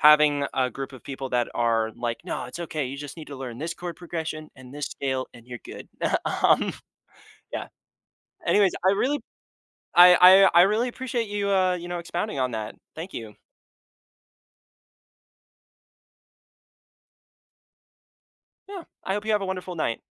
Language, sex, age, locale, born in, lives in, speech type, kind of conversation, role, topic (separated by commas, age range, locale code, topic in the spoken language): English, male, 20-24, en-US, United States, United States, conversation, podcast, host, How has experiencing failure helped you grow or change your perspective?